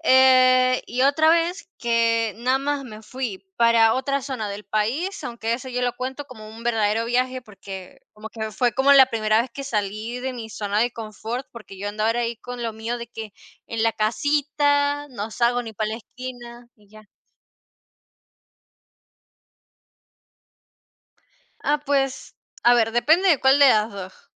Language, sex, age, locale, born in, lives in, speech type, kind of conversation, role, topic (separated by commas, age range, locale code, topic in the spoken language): Spanish, female, 50-54, es-VE, Venezuela, Portugal, conversation, unstructured, none, ¿Prefieres viajar solo o en grupo?